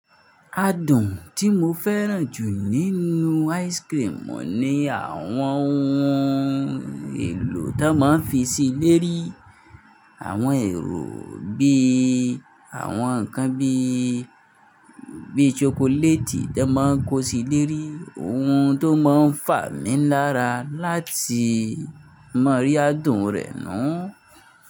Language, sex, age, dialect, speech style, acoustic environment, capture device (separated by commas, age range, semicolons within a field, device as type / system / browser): Yoruba, male, 25-34, Nigeria - Nigerian Standard, focused, nature, mobile / Linux / Chrome